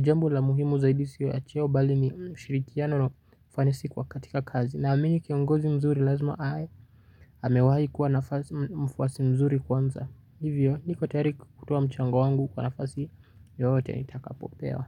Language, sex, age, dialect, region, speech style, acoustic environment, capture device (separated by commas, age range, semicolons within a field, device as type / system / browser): Swahili, male, 18-24, Kenya - Nairobi Sheng-influenced, Kenya, focused, silence, mobile / Linux / Chrome